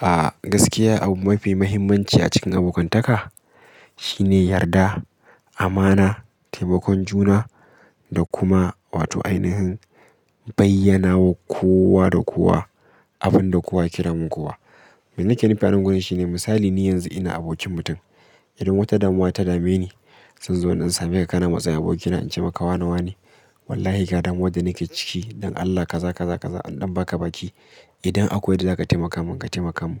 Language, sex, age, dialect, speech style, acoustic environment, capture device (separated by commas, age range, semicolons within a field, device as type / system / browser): Hausa, male, 25-34, Nigeria - Kano, focused; stressed, people talking; traffic; appliances; silence; nature, mobile / Linux / Chrome